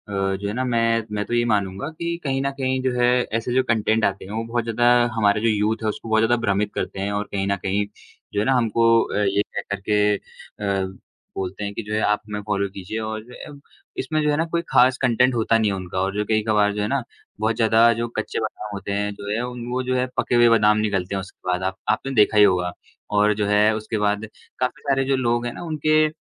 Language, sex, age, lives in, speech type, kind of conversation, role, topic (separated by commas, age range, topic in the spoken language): Hindi, male, 20-24, India, conversation, unstructured, none, क्या सोशल मीडिया के कारण बढ़ती नफरत दुखद है?